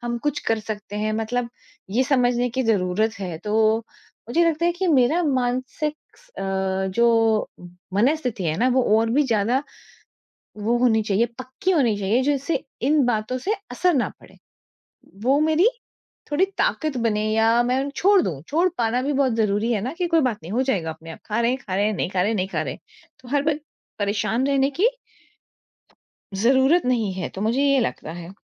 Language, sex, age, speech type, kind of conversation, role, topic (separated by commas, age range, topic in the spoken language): Hindi, female, 40-44, conversation, advice, user, छुट्टी के बाद भी मुझे तरोताज़ा क्यों नहीं महसूस होता है?